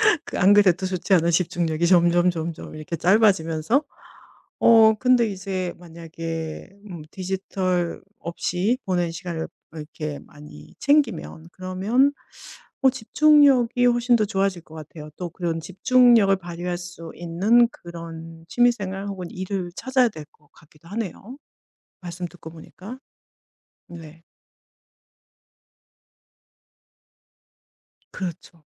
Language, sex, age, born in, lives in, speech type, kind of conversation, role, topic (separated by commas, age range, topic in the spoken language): Korean, female, 50-54, South Korea, Germany, conversation, podcast, host, 디지털 기기 없이 보내는 시간을 어떻게 마련하고 유지하시나요?